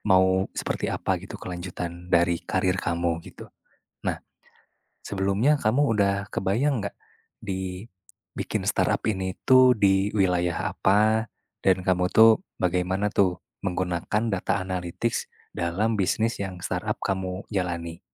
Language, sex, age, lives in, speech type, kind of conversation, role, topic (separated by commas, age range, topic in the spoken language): Indonesian, male, 20-24, Indonesia, conversation, advice, advisor, Apakah saya sebaiknya berhenti dari pekerjaan tetap untuk mendirikan perusahaan rintisan?